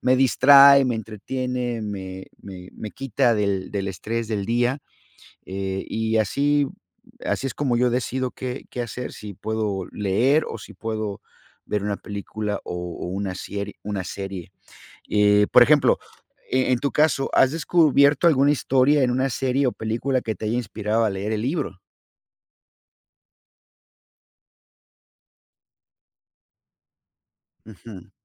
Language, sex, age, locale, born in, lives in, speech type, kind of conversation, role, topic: Spanish, male, 50-54, es-MX, United States, United States, conversation, unstructured, none, ¿Prefieres leer libros, ver series o ver películas para entretenerte?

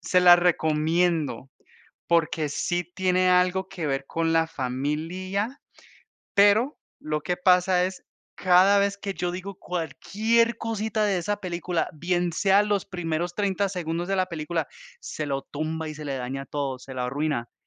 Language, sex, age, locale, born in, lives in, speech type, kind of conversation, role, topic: Spanish, male, 30-34, es-CO, United States, United States, conversation, unstructured, none, ¿Cómo te afecta escuchar historias de familias separadas por conflictos?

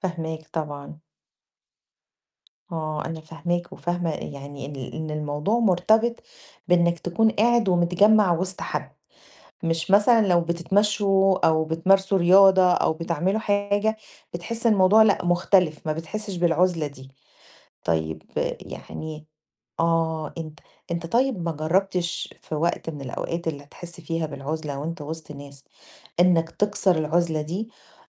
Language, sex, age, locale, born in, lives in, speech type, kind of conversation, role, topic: Arabic, female, 40-44, ar-EG, Egypt, Greece, conversation, advice, advisor, ليه لسه بحس بالعزلة وأنا متجمع مع صحابي؟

